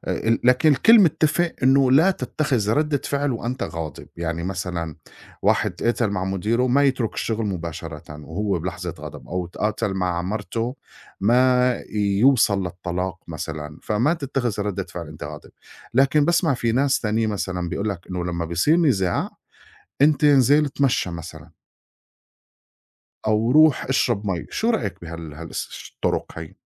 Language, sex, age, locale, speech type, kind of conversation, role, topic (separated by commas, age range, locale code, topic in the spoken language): Arabic, male, 40-44, ar-SY, conversation, unstructured, none, إيه الطرق اللي بتستخدمها عشان تهدي الأمور وقت الخِناق؟